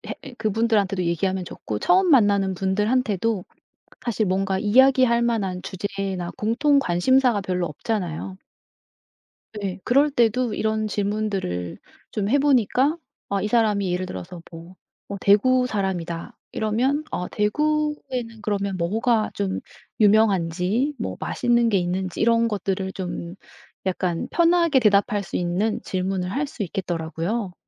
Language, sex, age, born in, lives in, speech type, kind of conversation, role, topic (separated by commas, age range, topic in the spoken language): Korean, female, 55-59, South Korea, South Korea, conversation, podcast, guest, 말이 적은 사람에게서 자연스럽게 대화를 끌어내는 방법은 무엇인가요?